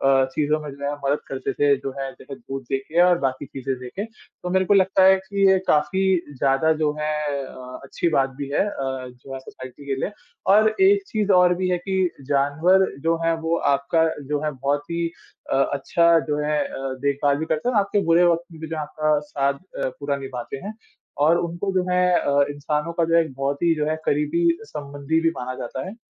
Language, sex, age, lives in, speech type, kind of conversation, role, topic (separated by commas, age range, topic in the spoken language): Hindi, male, 20-24, India, conversation, unstructured, none, क्या बिना किसी कारण के जानवरों को छोड़ देना सही है?